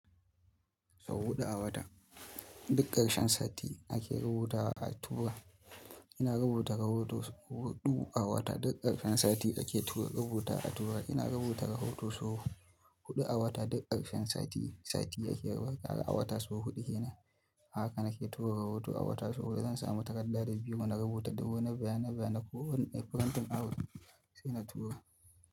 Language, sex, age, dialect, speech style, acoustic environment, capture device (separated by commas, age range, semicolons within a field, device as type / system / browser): Hausa, male, 25-34, Nigeria - Kano, stressed; relaxed; happy; excited, appliances, mobile / Linux / Chrome